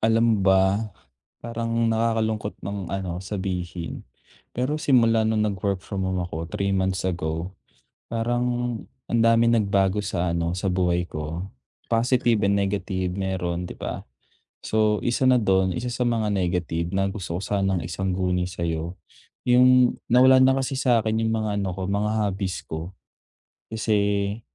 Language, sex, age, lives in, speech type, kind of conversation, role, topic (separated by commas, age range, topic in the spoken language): Filipino, male, 25-29, Philippines, conversation, advice, user, Paano ko maisasama ang mga libangan sa abalang araw-araw ko?